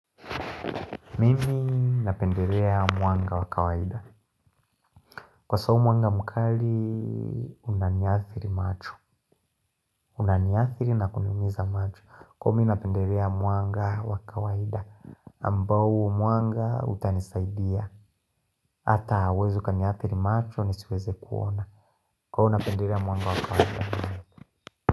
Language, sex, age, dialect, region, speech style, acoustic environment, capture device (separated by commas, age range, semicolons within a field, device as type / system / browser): Swahili, male, 25-34, Tanzania - Dar es Salaam, Tanzania, happy, silence, mobile / Linux / Chrome